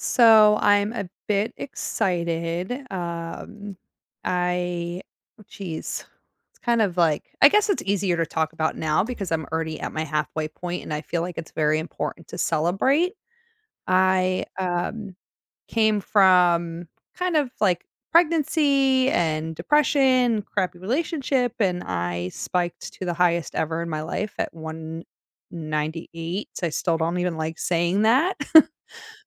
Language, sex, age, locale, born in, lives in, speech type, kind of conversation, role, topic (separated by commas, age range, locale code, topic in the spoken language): English, female, 40-44, en-US, United States, United States, conversation, advice, user, How can I set a motivating next goal after my first 5K?